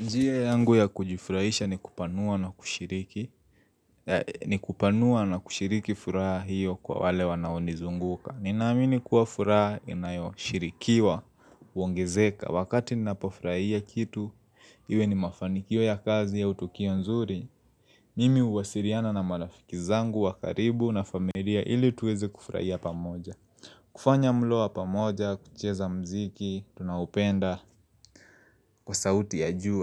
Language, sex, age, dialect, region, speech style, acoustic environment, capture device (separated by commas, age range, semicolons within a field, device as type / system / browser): Swahili, male, 25-34, Kenya - Nairobi Sheng-influenced, Kenya, happy; focused, silence; nature, mobile / Linux / Chrome